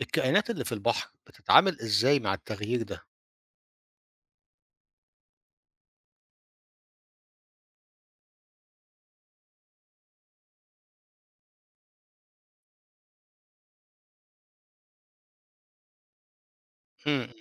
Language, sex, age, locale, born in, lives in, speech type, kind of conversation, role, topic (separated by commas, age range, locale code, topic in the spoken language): Arabic, male, 50-54, ar-EG, Egypt, Portugal, read, expert-assistant, asker, كيف تتكون الرمال على الشواطئ؟